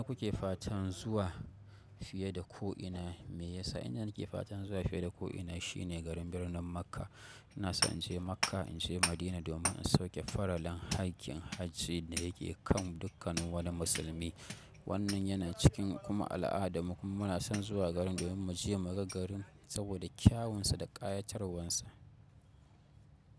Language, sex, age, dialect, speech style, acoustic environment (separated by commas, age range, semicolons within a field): Hausa, male, 25-34, Nigeria - Kano, happy, nature